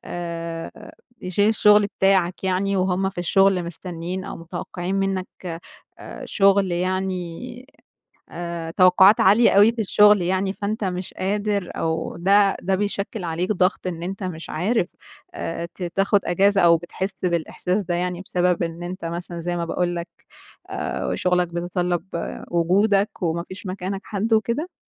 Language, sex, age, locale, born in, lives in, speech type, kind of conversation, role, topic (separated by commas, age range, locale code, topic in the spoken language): Arabic, female, 20-24, ar-EG, Egypt, Egypt, conversation, advice, advisor, إزاي بتوصف إحساسك بالذنب لما تاخد إجازة عشان تتعافى؟